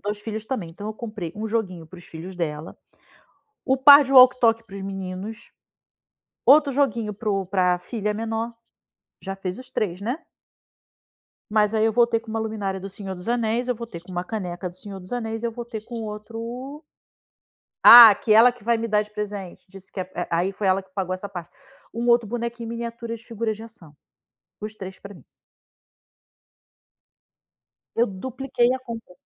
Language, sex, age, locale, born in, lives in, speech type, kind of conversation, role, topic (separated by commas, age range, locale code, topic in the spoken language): Portuguese, female, 40-44, pt-BR, Brazil, Spain, conversation, advice, user, Como posso evitar gastar demais quando faço compras?